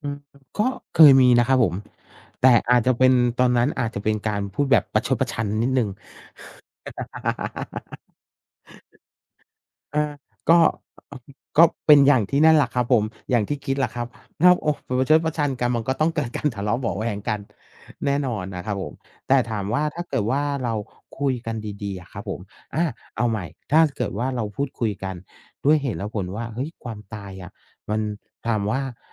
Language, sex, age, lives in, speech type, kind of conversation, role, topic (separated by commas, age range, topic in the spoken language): Thai, male, 45-49, Thailand, conversation, unstructured, none, คุณคิดว่าการพูดคุยเรื่องความตายช่วยให้เรารับมือกับความตายได้ดีขึ้นไหม?